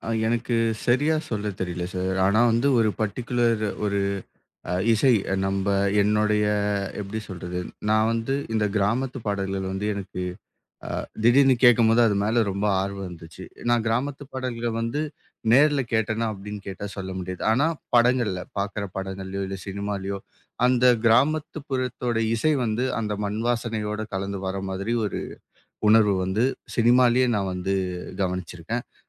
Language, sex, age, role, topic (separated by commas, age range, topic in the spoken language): Tamil, male, 25-29, guest, கிராமம் அல்லது நகரத்தில் வளர்ந்த அனுபவம் உங்கள் இசை ரசனைக்கு எப்படிப் பாதிப்பு ஏற்படுத்தியது?